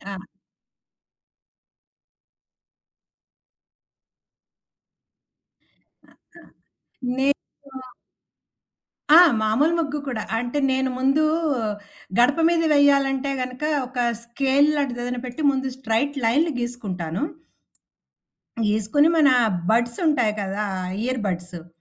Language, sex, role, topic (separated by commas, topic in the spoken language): Telugu, female, guest, తక్కువ సమయంలో ఎక్కువ ఆనందం పొందడానికి మీరు అనుసరించే చిన్న చిట్కాలు ఏవి?